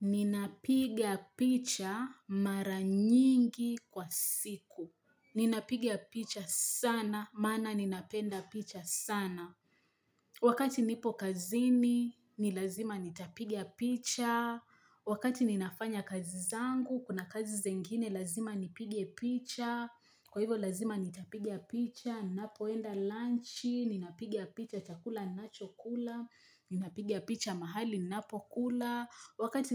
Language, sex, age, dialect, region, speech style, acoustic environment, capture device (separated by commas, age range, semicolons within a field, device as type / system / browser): Swahili, female, 25-34, Kenya - Mombasa, Kenya, happy, nature, mobile / Linux / Chrome